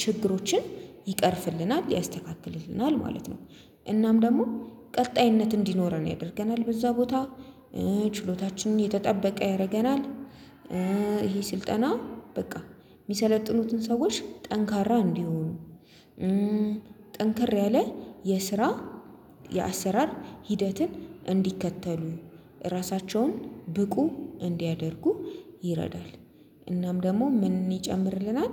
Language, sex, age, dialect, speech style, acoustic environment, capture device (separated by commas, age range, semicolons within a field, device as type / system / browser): Amharic, female, 25-34, Ethiopia - Addis Ababa, happy, silence, mobile / Linux / Chrome